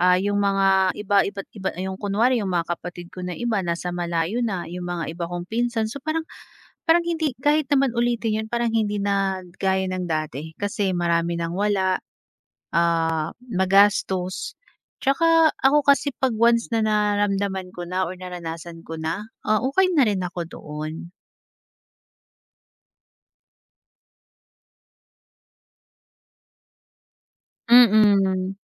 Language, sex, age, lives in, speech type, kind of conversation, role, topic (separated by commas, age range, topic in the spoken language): Filipino, female, 30-34, Philippines, conversation, podcast, guest, Aling handaan kasama ang pamilya ang hindi mo talaga malilimutan?